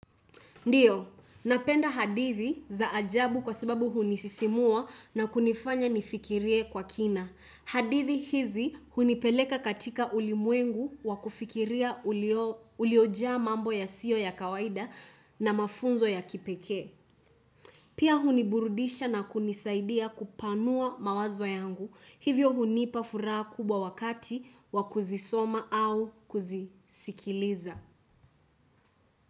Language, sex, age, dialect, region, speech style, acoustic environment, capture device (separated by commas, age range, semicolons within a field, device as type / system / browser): Swahili, female, 18-24, Kenya - Nairobi Sheng-influenced, Kenya, focused, silence, desktop / Windows / Chrome